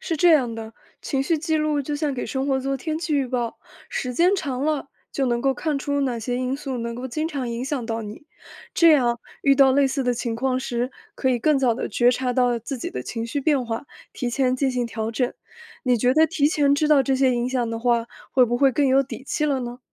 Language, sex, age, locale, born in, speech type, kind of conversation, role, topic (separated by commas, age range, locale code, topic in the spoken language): Chinese, female, 20-24, zh-CN, China, read, expert-assistant, expert, 心理咨询为什么对每个人效果不同？